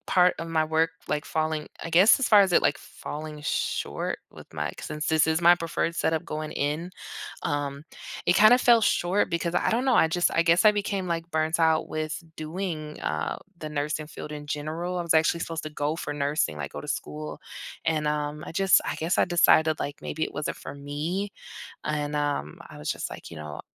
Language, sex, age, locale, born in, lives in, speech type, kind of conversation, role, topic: English, female, 30-34, en-US, United States, United States, conversation, unstructured, none, Which work arrangement works best for you: remote, hybrid, or in-office?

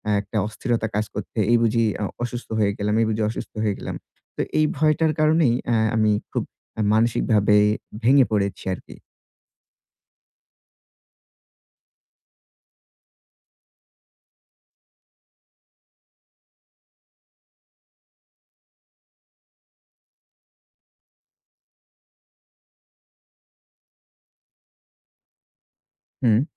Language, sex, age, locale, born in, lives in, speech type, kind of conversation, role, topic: Bengali, male, 25-29, bn-BD, Bangladesh, Bangladesh, conversation, advice, user, রুটিন ও সময় ব্লকিং কীভাবে কার্যকরভাবে স্থাপন করব?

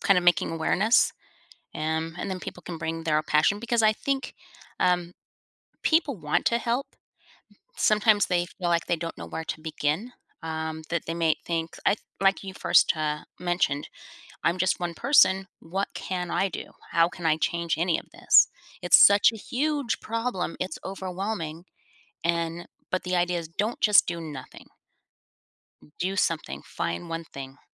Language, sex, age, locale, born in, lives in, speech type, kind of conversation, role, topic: English, female, 50-54, en-US, United States, United States, conversation, podcast, host, What motivates people to take action for the environment?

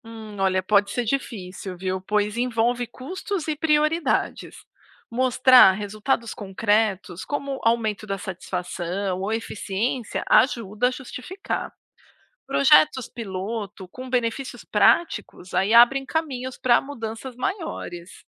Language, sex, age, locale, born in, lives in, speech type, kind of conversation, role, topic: Portuguese, female, 40-44, pt-BR, Brazil, United States, read, expert-assistant, expert, Se o diretor de um hospital tivesse que passar uma semana vivendo como paciente, que mudanças ele faria na administração depois disso?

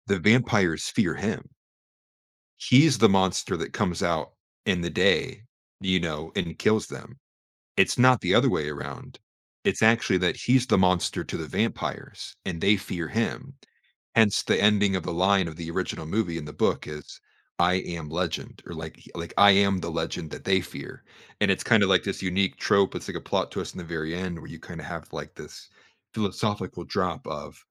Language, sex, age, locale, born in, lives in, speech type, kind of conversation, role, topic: English, male, 25-29, en-US, United States, United States, conversation, podcast, guest, What makes certain stories continue to resonate with people across generations?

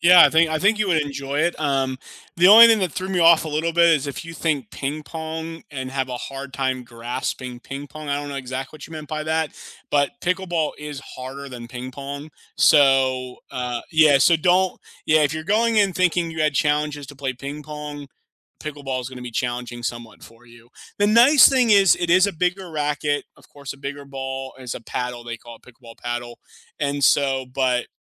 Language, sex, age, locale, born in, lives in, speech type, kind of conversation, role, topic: English, male, 30-34, en-US, United States, United States, conversation, unstructured, none, What was the last hobby you tried, and how did it surprise you?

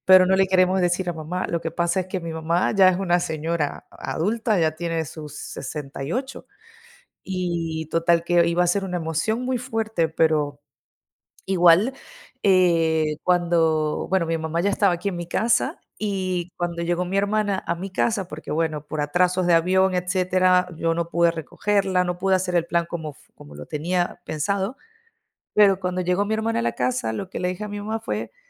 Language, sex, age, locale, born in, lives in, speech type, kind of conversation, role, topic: Spanish, female, 30-34, es-VE, Venezuela, Italy, conversation, unstructured, none, ¿Recuerdas alguna sorpresa que te haya dado tu familia?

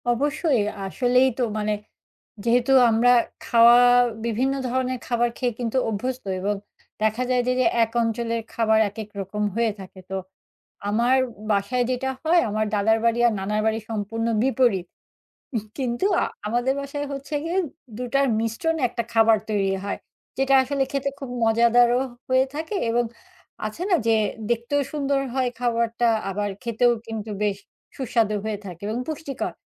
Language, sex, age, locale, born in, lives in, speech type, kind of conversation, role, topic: Bengali, female, 40-44, bn-BD, Bangladesh, Finland, conversation, podcast, guest, আপনার প্রিয় ঘরোয়া রেসিপি কোনটি?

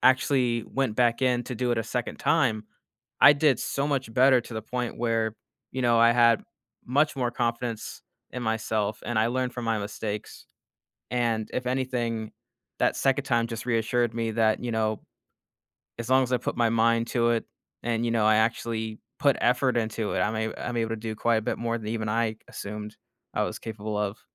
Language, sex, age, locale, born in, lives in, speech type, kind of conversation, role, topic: English, male, 25-29, en-US, United States, United States, conversation, podcast, guest, What strategies help you maintain hope and resilience when life gets challenging?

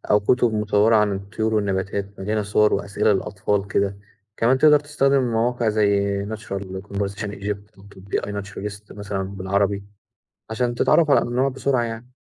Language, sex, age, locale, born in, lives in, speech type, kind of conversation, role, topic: Arabic, male, 20-24, ar-EG, Egypt, Egypt, read, expert-assistant, expert, لو افترضنا إنك لازم تسافر من غير أي تكنولوجيا (موبايل، GPS، إنترنت)، هتخطط الرحلة إزاي؟ وإيه أكتر حاجة هتخاف منها أو تستمتع بيها؟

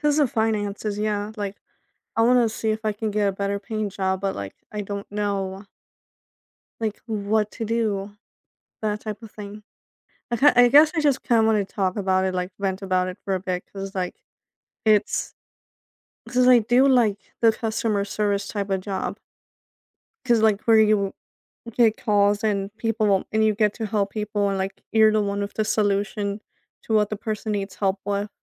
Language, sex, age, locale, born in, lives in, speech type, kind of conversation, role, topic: English, female, 25-29, en-US, United States, United States, conversation, advice, user, How can I regain motivation and direction when I feel stuck in my career?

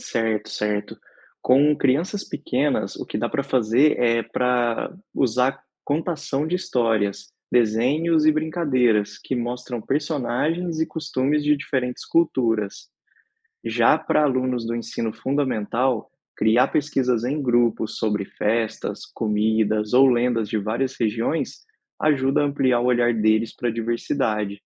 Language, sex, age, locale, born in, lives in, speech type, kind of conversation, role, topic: Portuguese, male, 30-34, pt-BR, Brazil, Spain, read, expert-assistant, expert, Por que é importante discutir diversidade cultural nas escolas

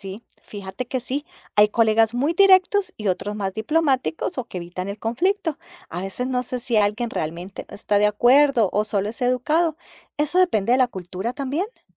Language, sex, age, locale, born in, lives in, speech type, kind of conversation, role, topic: Spanish, female, 50-54, es-CO, Colombia, United States, read, expert-assistant, asker, ¿Qué puedo hacer para entender mejor las costumbres de otras culturas en mi día a día?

